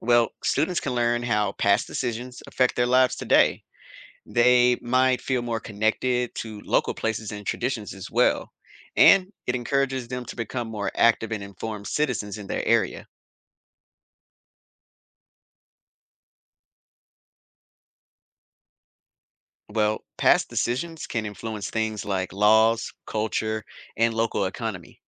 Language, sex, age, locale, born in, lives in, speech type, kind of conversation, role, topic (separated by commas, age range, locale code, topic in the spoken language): English, male, 35-39, en-US, United States, United States, read, expert-assistant, expert, Why is local history important in education?